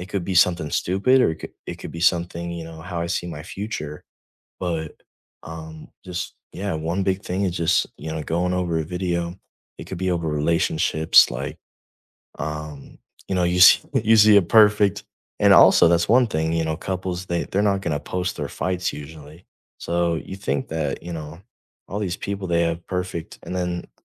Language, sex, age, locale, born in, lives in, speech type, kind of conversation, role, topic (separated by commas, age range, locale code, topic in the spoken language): English, male, 18-19, en-US, United States, United States, conversation, unstructured, none, How does social media affect our mental health?